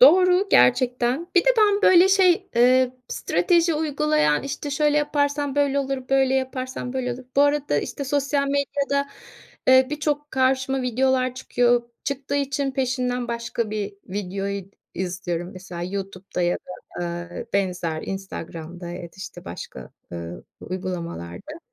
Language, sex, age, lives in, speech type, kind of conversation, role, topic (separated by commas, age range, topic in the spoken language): Turkish, female, 50-54, Spain, conversation, advice, user, Reddedilmekten korktuğum için neden flört etmeye cesaret edemiyorum?